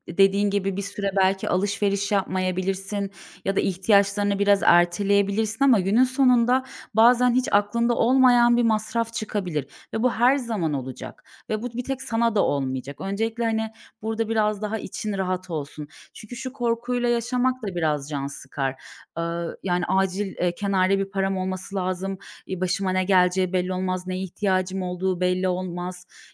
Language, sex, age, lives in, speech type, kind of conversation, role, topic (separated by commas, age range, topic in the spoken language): Turkish, female, 30-34, Bulgaria, conversation, advice, advisor, Büyük bir harcama için nasıl birikim yapacağımı nasıl netleştirebilirim?